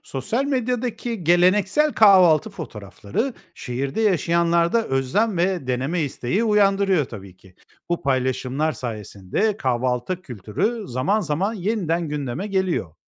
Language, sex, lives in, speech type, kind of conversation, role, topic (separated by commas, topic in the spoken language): Turkish, male, Spain, read, expert-assistant, expert, Neden farklı kültürlerde kahvaltı alışkanlıkları bu kadar farklı gelişti?